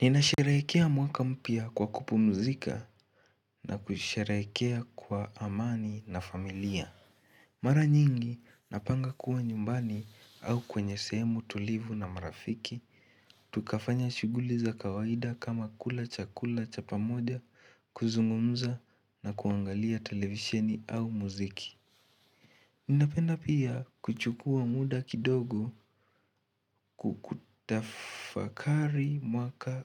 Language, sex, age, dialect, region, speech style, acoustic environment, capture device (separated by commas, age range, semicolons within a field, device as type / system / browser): Swahili, male, 18-24, Kenya - Nairobi Sheng-influenced, Kenya, excited, nature, mobile / Linux / Chrome